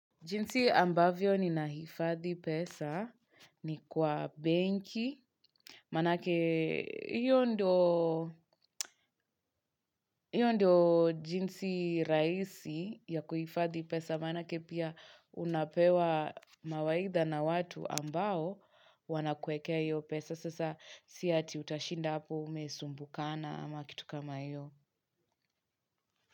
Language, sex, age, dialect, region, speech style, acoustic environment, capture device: Swahili, female, 25-34, Kenya - Nairobi Sheng-influenced, Kenya, relaxed, nature, mobile / Linux / Chrome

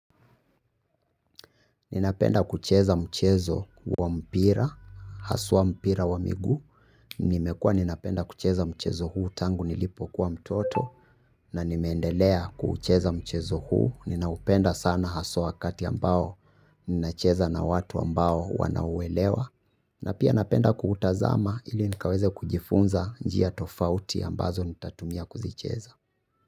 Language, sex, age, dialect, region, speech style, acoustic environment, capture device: Swahili, male, 25-34, Kenya - Nairobi Sheng-influenced, Kenya, happy, silence, mobile / Linux / Chrome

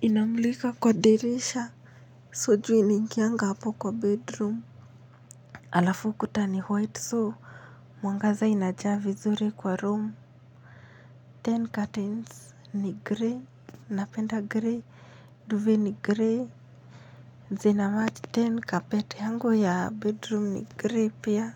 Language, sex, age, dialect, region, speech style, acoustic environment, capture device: Swahili, female, 25-34, Kenya - Nairobi Sheng-influenced, Kenya, happy; relaxed; focused, silence, mobile / Linux / Chrome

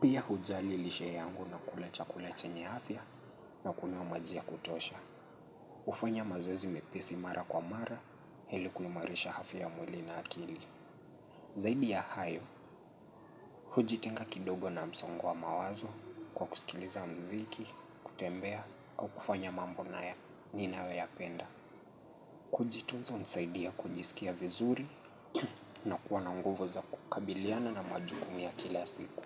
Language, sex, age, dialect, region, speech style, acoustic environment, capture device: Swahili, male, 25-34, Kenya - Nairobi Sheng-influenced, Kenya, relaxed; focused, nature; music; people talking, mobile / Linux / Chrome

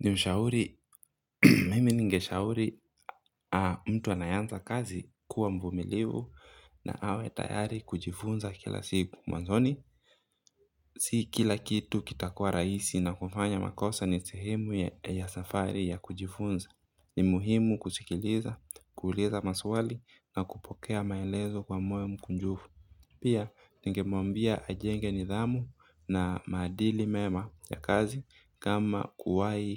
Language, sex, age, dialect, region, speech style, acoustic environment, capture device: Swahili, male, 25-34, Kenya - Mombasa, Kenya, anxious, nature; silence, mobile / Linux / Chrome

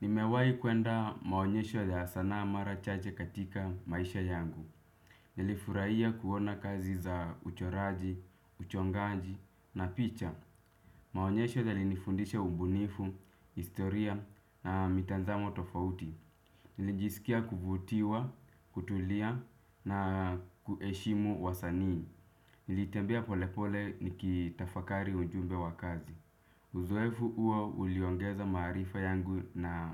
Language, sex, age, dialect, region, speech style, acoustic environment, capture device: Swahili, male, 25-34, Kenya - Nairobi Sheng-influenced, Kenya, relaxed; focused, people talking; music, desktop / Windows / Chrome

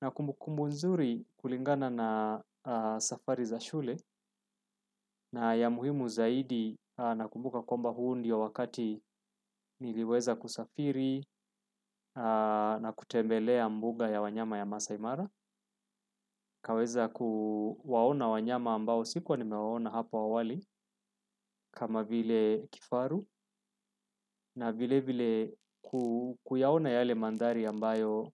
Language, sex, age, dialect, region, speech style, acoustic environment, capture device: Swahili, male, 35-44, Kenya - Nairobi Sheng-influenced, Kenya, relaxed, silence, desktop / Windows / Chrome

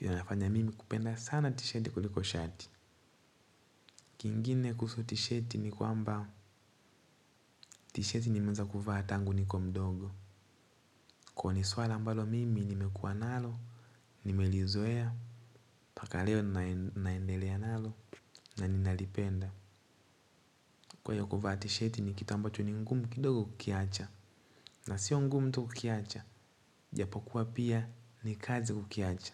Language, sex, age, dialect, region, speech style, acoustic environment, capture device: Swahili, male, 18-24, Tanzania - Dar es Salaam, Tanzania, happy; excited, nature; silence, mobile / Linux / Chrome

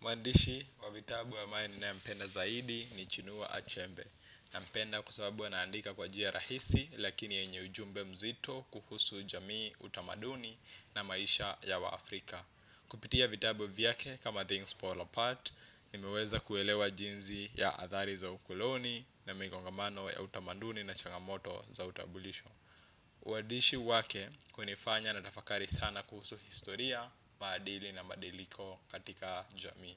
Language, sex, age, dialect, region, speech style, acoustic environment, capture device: Swahili, male, 25-34, Kenya - Nairobi Sheng-influenced, Kenya, focused, appliances, desktop / Windows / Chrome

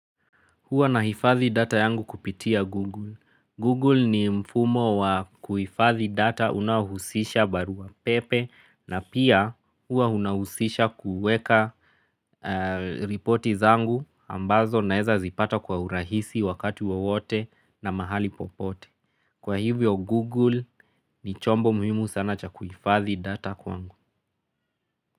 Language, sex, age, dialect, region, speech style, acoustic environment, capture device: Swahili, male, 25-34, Kenya - Nairobi Sheng-influenced, Kenya, relaxed, nature, mobile / Linux / Chrome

